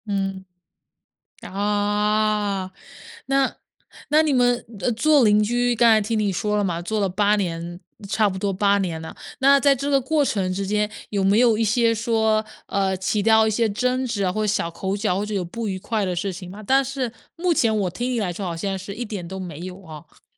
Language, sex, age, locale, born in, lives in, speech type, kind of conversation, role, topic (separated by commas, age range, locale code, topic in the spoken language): Chinese, female, 25-29, zh-CN, China, United States, conversation, podcast, host, 你遇到过哪些让你觉得很暖心的邻里小事？